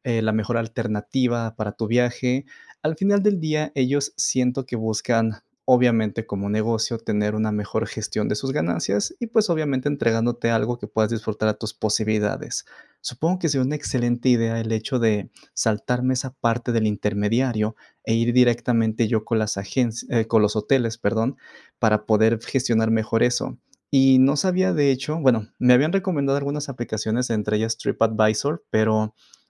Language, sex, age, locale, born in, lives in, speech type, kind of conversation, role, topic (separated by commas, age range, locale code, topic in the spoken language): Spanish, male, 25-29, es-MX, Mexico, Mexico, conversation, advice, user, ¿Por qué me cuesta organizar mis viajes y vacaciones?